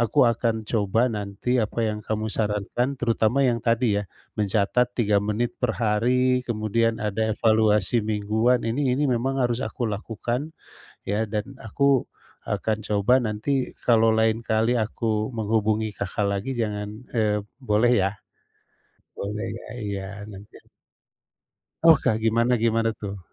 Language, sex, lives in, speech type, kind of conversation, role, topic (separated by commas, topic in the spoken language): Indonesian, male, Indonesia, conversation, advice, user, Bagaimana saya bisa lebih konsisten mempertahankan kebiasaan mendokumentasikan proses kreatif setiap hari?